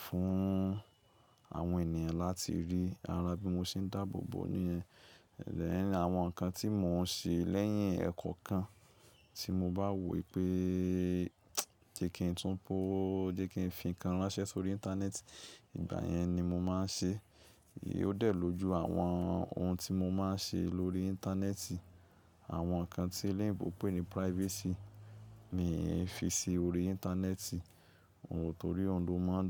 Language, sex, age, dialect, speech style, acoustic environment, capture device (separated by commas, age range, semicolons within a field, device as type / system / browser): Yoruba, male, 25-34, Nigeria - Lagos Yoruba, excited, silence, mobile / Linux / Chrome